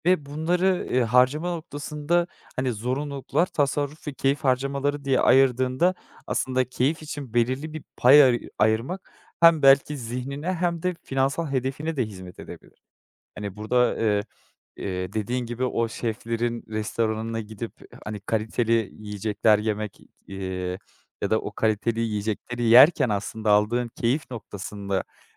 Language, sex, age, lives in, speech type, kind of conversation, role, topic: Turkish, male, 25-29, Netherlands, conversation, advice, advisor, Tasarruf hedeflerinle yaşamdan zevk alma isteğini nasıl dengeleyebilirsin?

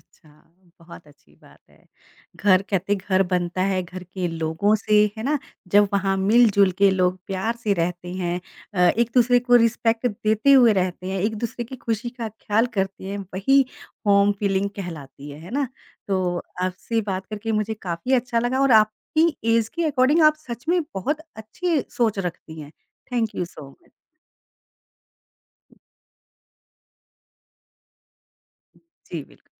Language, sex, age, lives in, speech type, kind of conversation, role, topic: Hindi, female, 45-49, India, conversation, podcast, host, Ghar ko sach mein ghar jaisa feel karane wali sabse badi cheez tumhare liye kya hai?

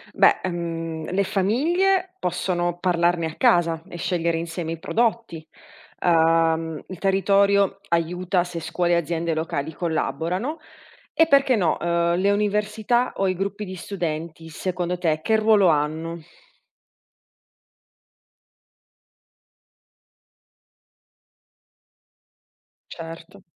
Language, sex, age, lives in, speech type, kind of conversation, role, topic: Italian, female, 30-34, Italy, read, expert-assistant, asker, Perché oggi si parla tanto di agricoltura sostenibile e come cambiano le abitudini da paese a paese?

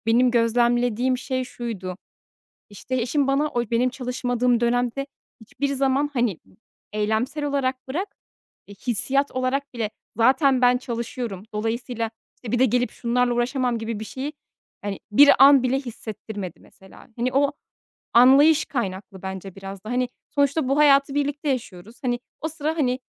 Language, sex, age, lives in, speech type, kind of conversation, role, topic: Turkish, female, 30-34, Netherlands, conversation, podcast, guest, Evlilik ve ilişkilerde kuşakların beklentileri nasıl değişti?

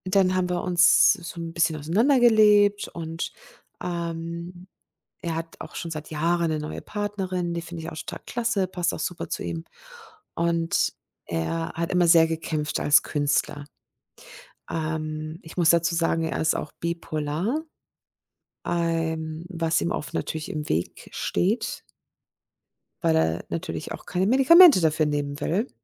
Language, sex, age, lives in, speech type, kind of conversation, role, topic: German, female, 50-54, Germany, conversation, advice, user, Wie kann ich Grenzen setzen, ohne Angst vor Ablehnung zu haben?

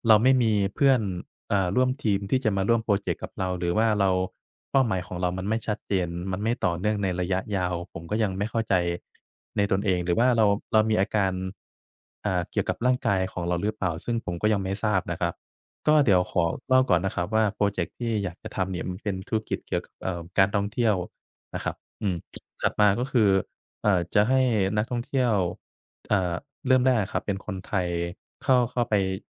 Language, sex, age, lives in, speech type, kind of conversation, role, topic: Thai, male, 25-29, Thailand, conversation, advice, user, จะเพิ่มแรงจูงใจและทำให้ทำสิ่งที่ตั้งใจได้อย่างต่อเนื่องได้อย่างไร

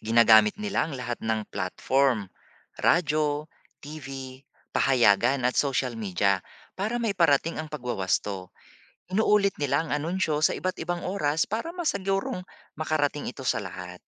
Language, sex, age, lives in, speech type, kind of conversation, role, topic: Filipino, male, 40-44, Philippines, read, expert-assistant, expert, Kapag may lumalabas na magkakasalungat na impormasyon sa breaking news, paano nililinaw ng mga broadcaster kung alin ang dapat paniwalaan?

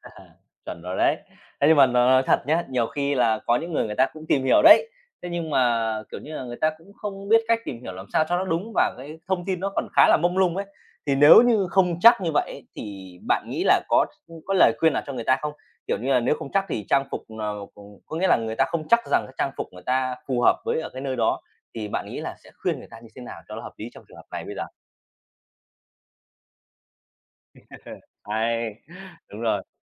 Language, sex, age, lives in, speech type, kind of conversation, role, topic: Vietnamese, male, 30-34, Vietnam, conversation, podcast, host, Làm thế nào để tôn trọng văn hoá khi lựa chọn trang phục?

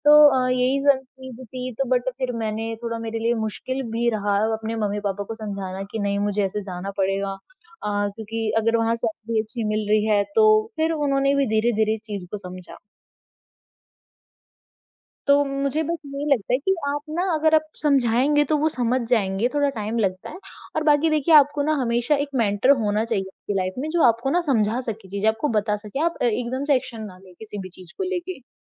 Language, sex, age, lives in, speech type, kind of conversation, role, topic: Hindi, female, 20-24, India, conversation, podcast, guest, Aap career aur parivaar ke beech santulan ka faisla kaise karte ho?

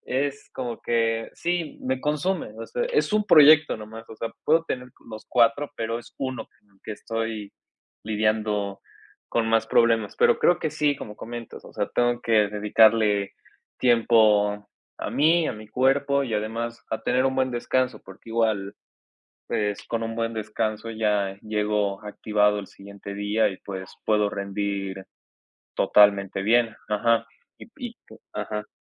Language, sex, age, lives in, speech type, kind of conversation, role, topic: Spanish, male, 20-24, Mexico, conversation, advice, user, ¿Sientes ansiedad a la hora de dormir que hace que tardes más en conciliar el sueño?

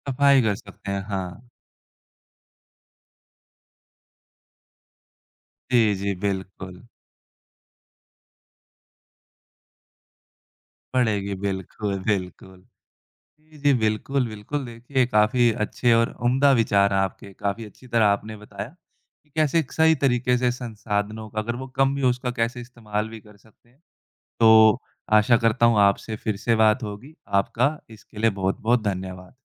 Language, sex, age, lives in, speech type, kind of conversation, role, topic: Hindi, male, 20-24, India, conversation, podcast, host, कई बार संसाधन कम हों तो आप क्या करते हैं?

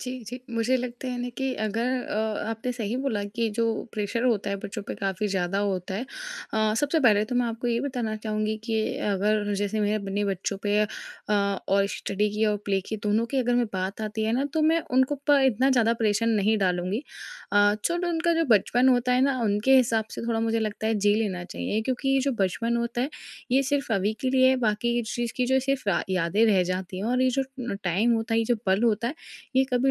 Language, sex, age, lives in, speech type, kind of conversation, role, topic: Hindi, female, 20-24, India, conversation, podcast, guest, Bachchon ki padhai aur khelne ka time kaise balance kiya ja sakta hai?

